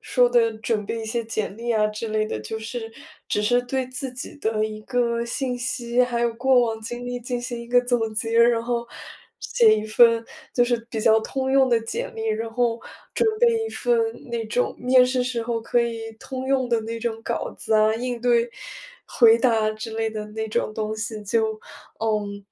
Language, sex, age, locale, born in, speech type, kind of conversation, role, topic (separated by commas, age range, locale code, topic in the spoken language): Chinese, female, 20-24, zh-CN, China, conversation, advice, user, 为什么我一放松就总会感到内疚并开始拖延？